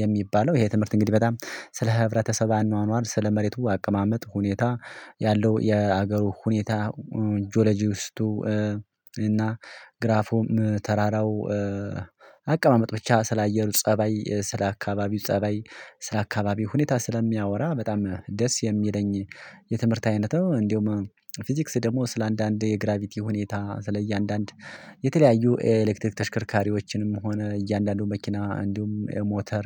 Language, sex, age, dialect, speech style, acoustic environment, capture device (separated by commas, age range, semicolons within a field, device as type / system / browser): Amharic, male, 25-34, Ethiopia - Addis Ababa, excited, silence, mobile / Linux / Chrome